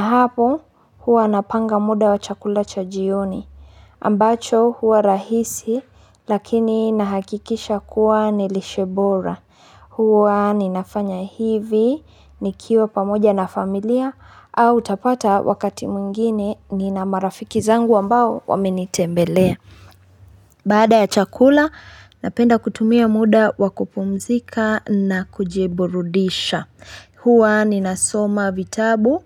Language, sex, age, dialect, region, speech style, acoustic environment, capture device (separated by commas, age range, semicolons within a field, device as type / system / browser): Swahili, female, 25-34, Kenya - Nairobi Sheng-influenced, Kenya, tired, construction, mobile / Linux / Chrome